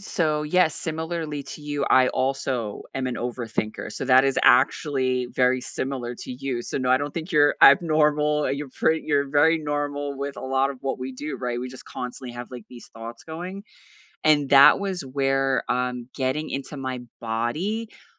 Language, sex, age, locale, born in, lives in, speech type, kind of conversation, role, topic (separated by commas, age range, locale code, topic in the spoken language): English, female, 40-44, en-US, United States, United States, conversation, unstructured, none, How do you deal with frustration when learning something difficult?